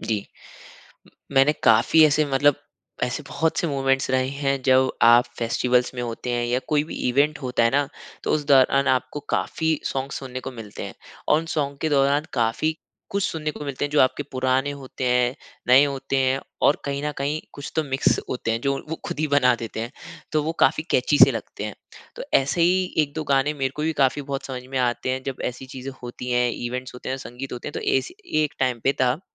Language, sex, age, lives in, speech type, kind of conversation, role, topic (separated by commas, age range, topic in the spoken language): Hindi, male, 25-29, India, conversation, podcast, guest, Festivals aur cultural events tumhe naye gaane kaise pehchanwate hain?